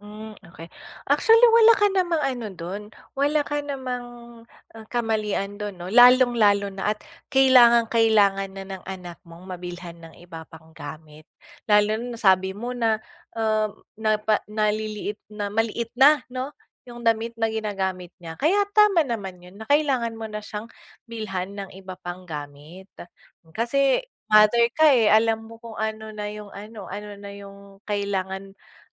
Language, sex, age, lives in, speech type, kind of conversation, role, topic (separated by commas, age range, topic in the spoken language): Filipino, female, 20-24, Philippines, conversation, advice, advisor, Paano mo masusukat kung kailan ka dapat makinig sa opinyon ng iba at kailan ka dapat magtiwala sa sarili mong pananaw?